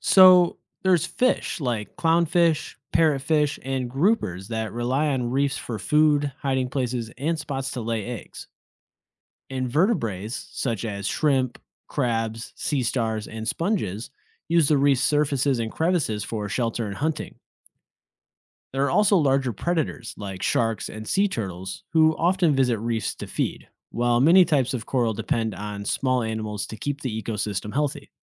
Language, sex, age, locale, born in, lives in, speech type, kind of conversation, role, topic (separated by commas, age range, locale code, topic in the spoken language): English, male, 30-34, en-US, United States, United States, read, expert-assistant, expert, How do coral reefs support so many different kinds of sea life?